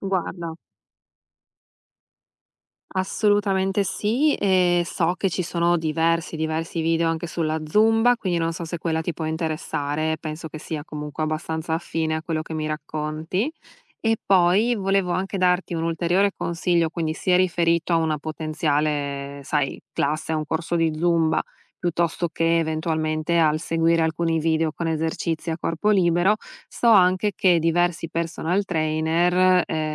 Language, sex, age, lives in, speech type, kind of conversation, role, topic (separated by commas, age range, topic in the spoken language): Italian, female, 35-39, United States, conversation, advice, advisor, Come posso ritrovare la motivazione per andare in palestra o allenarmi con regolarità?